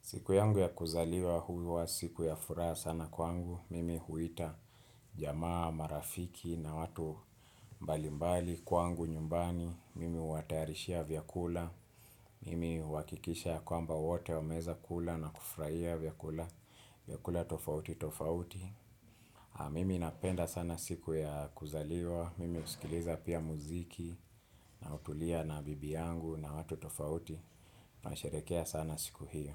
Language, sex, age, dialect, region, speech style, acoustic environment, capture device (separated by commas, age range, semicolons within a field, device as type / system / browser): Swahili, male, 25-34, Kenya - Nairobi Sheng-influenced, Kenya, relaxed, silence, desktop / Windows / Chrome